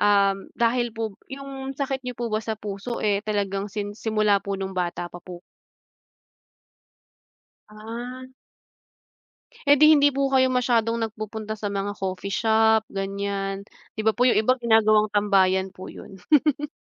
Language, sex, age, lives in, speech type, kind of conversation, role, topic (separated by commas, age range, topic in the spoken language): Filipino, female, 30-34, Philippines, conversation, unstructured, none, Sa pagitan ng kape at tsaa, alin ang pipiliin mo?